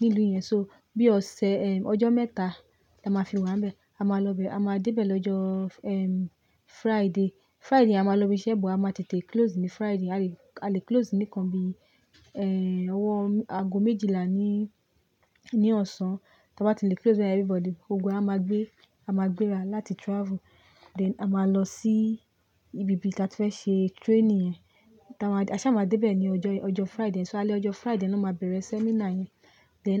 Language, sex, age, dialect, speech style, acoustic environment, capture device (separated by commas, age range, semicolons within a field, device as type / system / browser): Yoruba, female, 25-34, Nigeria - Lagos Yoruba, relaxed, nature, mobile / Linux / Chrome